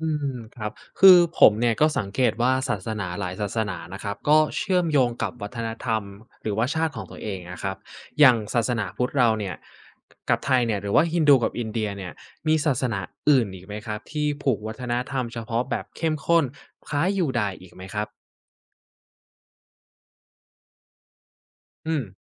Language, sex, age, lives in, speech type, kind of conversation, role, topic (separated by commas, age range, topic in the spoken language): Thai, male, 20-24, Thailand, read, expert-assistant, asker, เวลาคนพูดถึง 'ศาสนาโลก' เขาหมายถึงอะไรจริง ๆ แล้ว ศาสนาแบบไหนที่มักถูกนับว่าเป็นศาสนาโลกบ้าง